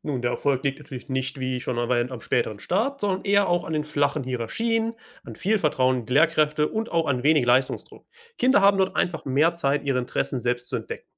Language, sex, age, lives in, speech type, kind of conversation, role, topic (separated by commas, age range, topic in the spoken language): German, male, 25-29, Germany, read, expert-assistant, expert, Warum starten Kinder in manchen Ländern früher oder später mit der Schule?